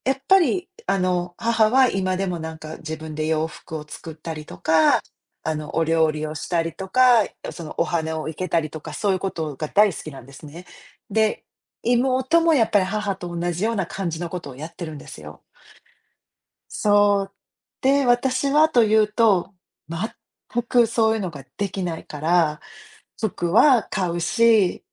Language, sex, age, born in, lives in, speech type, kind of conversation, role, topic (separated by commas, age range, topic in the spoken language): Japanese, female, 50-54, Japan, United States, conversation, unstructured, none, 働くことで得られるうれしいことは何ですか？